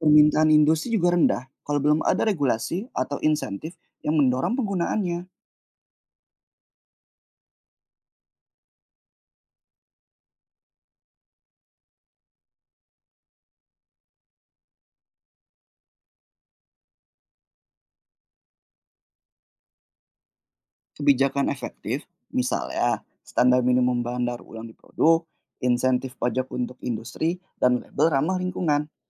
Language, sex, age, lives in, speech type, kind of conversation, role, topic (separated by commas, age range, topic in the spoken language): Indonesian, male, 25-29, Indonesia, read, expert-assistant, expert, Apa solusi terbaik untuk mengatasi pencemaran plastik di laut dan bagaimana implementasinya di Indonesia?